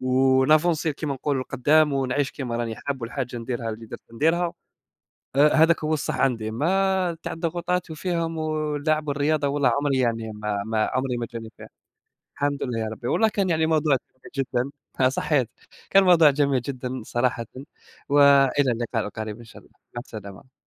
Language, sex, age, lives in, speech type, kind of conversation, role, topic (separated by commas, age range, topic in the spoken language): Arabic, male, 20-24, Germany, conversation, unstructured, none, هل شايف إن الرياضة ممكن تسبب ضغط نفسي أحيانًا؟